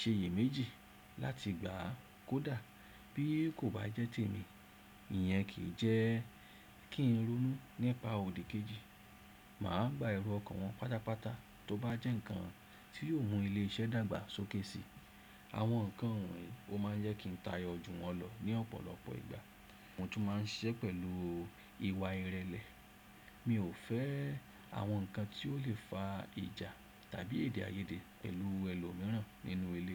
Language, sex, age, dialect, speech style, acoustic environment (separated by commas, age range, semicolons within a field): Yoruba, male, 35-44, Nigeria - Lagos Yoruba, relaxed; focused, silence